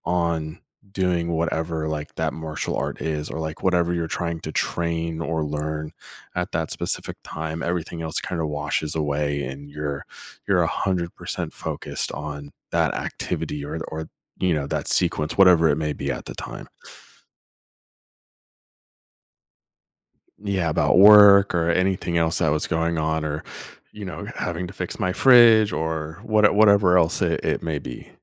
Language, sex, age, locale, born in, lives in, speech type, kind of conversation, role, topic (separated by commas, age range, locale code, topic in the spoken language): English, male, 30-34, en-US, United States, United States, conversation, podcast, guest, In what ways can regular physical activity improve our overall well-being?